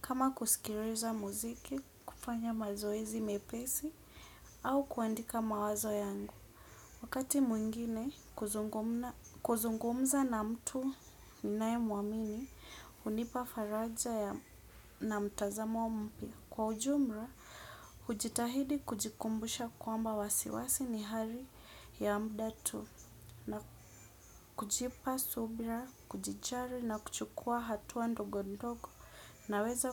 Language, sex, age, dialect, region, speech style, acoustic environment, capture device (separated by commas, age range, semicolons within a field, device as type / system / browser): Swahili, female, 25-34, Kenya - Nairobi Sheng-influenced, Kenya, relaxed, appliances; music, desktop / Windows / Edge